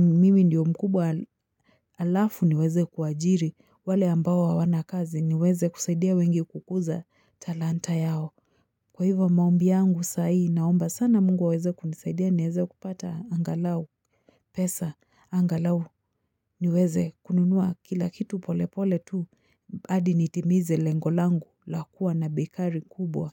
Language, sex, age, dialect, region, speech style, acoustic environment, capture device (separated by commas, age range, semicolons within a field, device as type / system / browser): Swahili, female, 25-34, Kenya - Nairobi Sheng-influenced, Kenya, relaxed, nature, mobile / Linux / Chrome